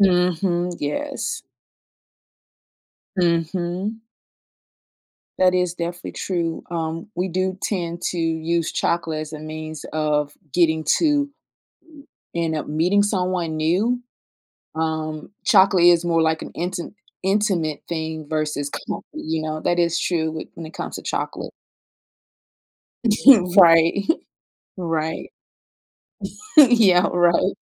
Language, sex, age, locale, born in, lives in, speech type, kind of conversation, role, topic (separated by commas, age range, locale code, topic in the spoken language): English, female, 35-39, en-US, United States, United States, conversation, unstructured, none, Would you rather live in a world without chocolate or in a world without coffee?